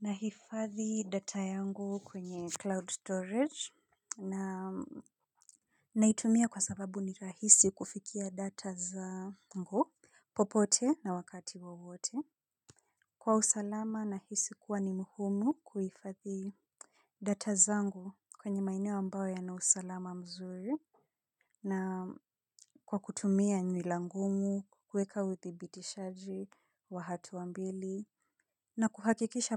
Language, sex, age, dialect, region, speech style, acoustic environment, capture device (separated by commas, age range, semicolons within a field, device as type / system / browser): Swahili, female, 25-34, Kenya - Nairobi Sheng-influenced, Kenya, relaxed; focused, silence; people talking; construction, mobile / Linux / Chrome